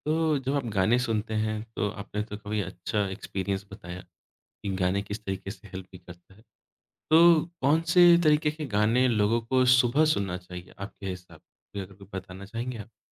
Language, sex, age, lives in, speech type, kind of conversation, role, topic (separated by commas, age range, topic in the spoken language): Hindi, male, 25-29, India, conversation, podcast, host, Tum naye gaane kaise dhoondhte ho?